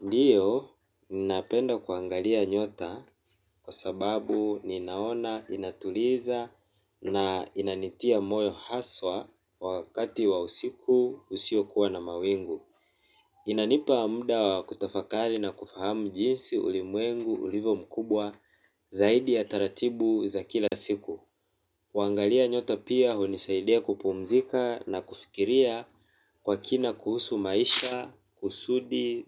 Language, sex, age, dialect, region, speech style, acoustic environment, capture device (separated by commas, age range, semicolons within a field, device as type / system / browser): Swahili, male, 25-34, Kenya - Mombasa, Kenya, relaxed, silence, mobile / Linux / Chrome